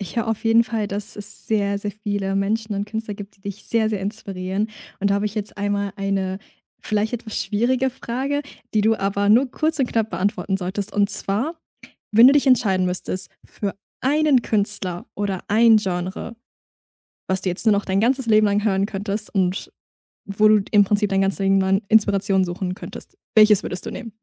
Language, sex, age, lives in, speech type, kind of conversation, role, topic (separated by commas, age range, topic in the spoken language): German, female, 20-24, Germany, conversation, podcast, host, Woran merkst du, dass ein Werk fertig ist?